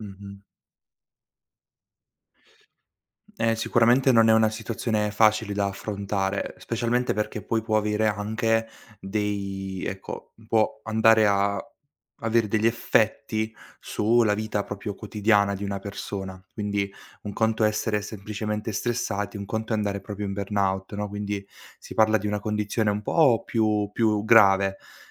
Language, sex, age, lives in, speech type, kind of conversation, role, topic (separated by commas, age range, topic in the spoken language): Italian, male, 18-19, Italy, conversation, podcast, guest, Come si affronta il burnout prima che sia troppo tardi?